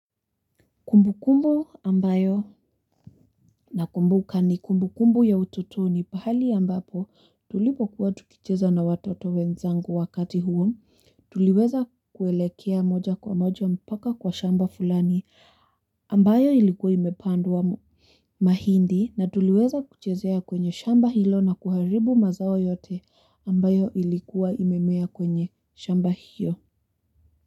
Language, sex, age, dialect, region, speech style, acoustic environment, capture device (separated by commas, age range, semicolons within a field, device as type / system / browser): Swahili, female, 18-24, Kenya - Nairobi Sheng-influenced, Kenya, happy; focused, people talking; construction; nature, mobile / Linux / Chrome